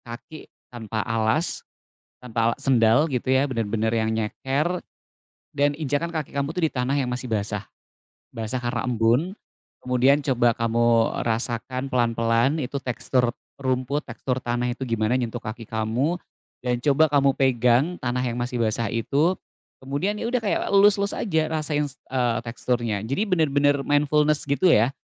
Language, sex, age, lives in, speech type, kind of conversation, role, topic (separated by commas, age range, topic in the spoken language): Indonesian, male, 35-39, Indonesia, conversation, podcast, guest, Apa peran aroma tanah basah atau bau hujan dalam membuatmu lebih sadar dan hadir?